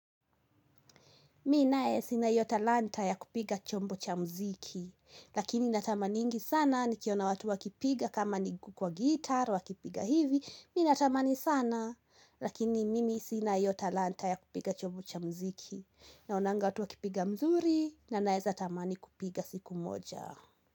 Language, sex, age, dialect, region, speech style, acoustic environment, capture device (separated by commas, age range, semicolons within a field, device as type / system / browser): Swahili, female, 18-24, Kenya - Kiambu, Kenya, happy, nature, mobile / Linux / Chrome